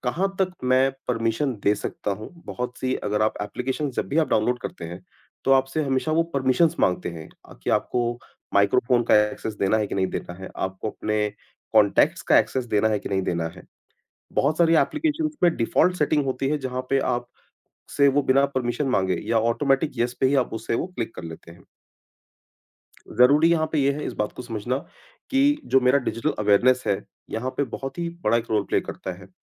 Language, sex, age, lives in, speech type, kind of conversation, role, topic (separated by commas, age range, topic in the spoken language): Hindi, male, 40-44, India, conversation, podcast, guest, Kya social media ke trends culture ko aage badhate hain ya use confuse kar dete hain?